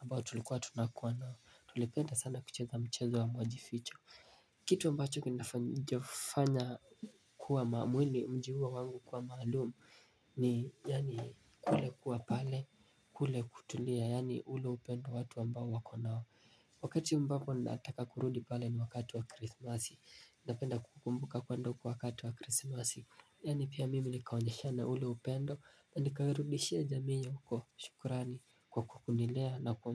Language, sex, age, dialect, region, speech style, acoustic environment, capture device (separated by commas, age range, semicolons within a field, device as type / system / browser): Swahili, male, 18-24, Kenya - Mombasa, Kenya, happy, silence, mobile / Linux / Chrome